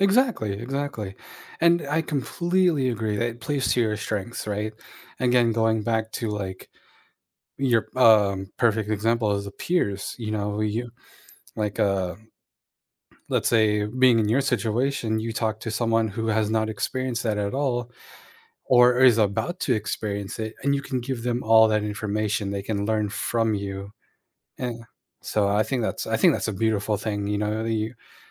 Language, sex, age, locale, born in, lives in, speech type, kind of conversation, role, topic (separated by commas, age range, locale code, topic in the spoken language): English, male, 30-34, en-US, United States, United States, conversation, unstructured, none, What motivates you to keep learning new things throughout your life?